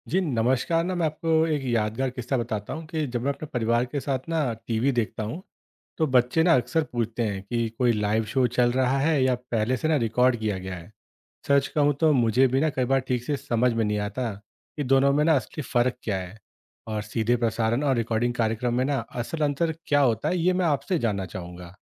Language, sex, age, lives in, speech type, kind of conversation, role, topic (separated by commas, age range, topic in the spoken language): Hindi, male, 30-34, India, read, expert-assistant, asker, क्या आप सीधे प्रसारण और रिकॉर्डेड टीवी कार्यक्रमों में फर्क जानते हैं?